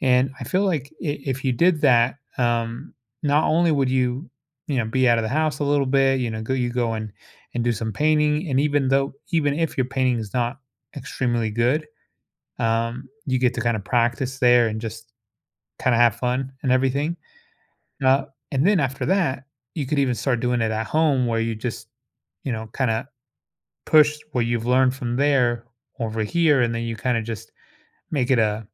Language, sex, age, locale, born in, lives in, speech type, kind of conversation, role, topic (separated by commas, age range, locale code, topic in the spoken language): English, male, 30-34, en-US, United States, United States, conversation, advice, advisor, How can I choose a new hobby?